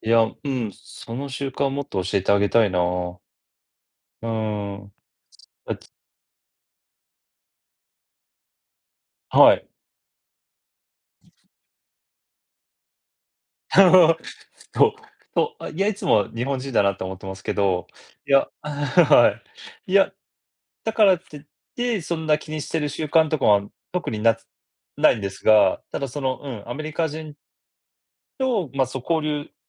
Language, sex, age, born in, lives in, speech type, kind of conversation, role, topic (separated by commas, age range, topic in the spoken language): Japanese, male, 40-44, Japan, United States, conversation, unstructured, none, あなたの文化で大切にしている習慣は何ですか？